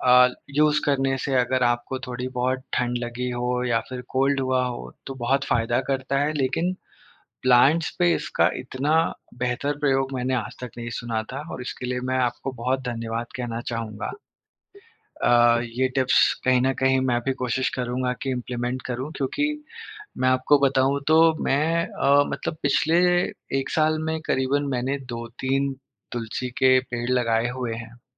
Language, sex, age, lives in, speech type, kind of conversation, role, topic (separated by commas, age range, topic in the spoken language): Hindi, male, 30-34, India, conversation, podcast, host, City life mein tum nature se apna connection kaise maintain karte ho?